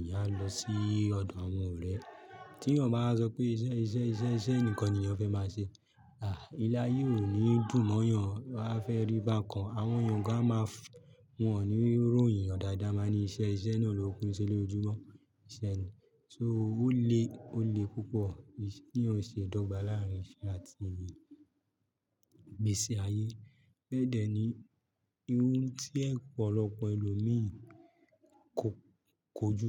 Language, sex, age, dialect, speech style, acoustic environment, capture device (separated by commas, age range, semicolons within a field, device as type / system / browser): Yoruba, male, 25-34, Nigeria - Lagos, happy, silence, mobile / Linux / Chrome